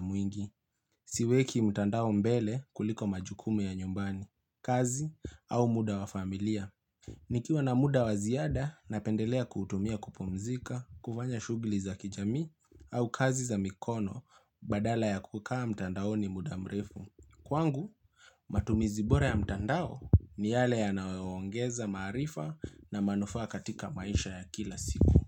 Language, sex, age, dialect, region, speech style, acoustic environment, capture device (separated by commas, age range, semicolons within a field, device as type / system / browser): Swahili, male, 25-34, Kenya - Nairobi Sheng-influenced, Kenya, relaxed; focused, appliances; nature, mobile / Linux / Chrome